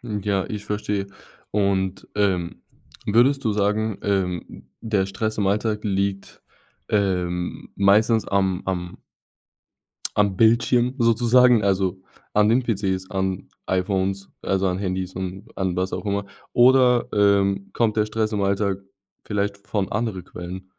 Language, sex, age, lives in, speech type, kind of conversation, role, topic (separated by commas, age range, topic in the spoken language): German, male, 20-24, Germany, conversation, podcast, host, Welche Tricks helfen dir, Stress im Alltag zu reduzieren?